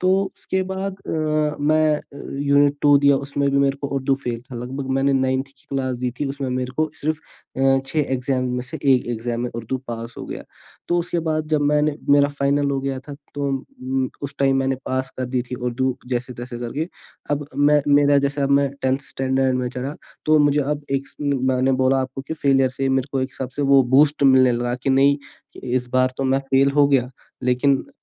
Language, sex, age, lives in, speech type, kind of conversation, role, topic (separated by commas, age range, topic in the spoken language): Hindi, male, 18-19, India, conversation, podcast, guest, Failure se tumhare liye sabse badi practical seekh kya nikli?